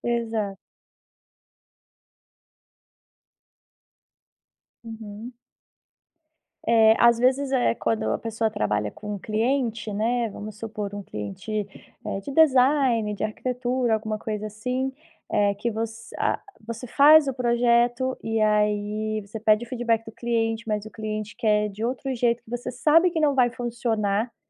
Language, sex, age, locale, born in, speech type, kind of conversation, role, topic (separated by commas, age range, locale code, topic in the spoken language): Portuguese, female, 30-34, pt-BR, Brazil, conversation, podcast, host, Como pedir feedback sem ficar na defensiva?